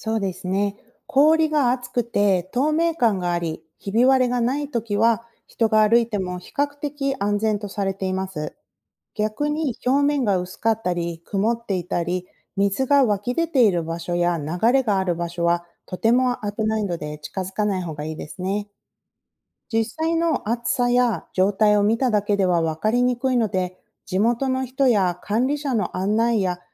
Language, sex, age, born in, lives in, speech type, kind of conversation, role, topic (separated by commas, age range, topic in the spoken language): Japanese, female, 40-44, Japan, United States, read, expert-assistant, expert, どうして冬になると池や川が凍るのでしょうか？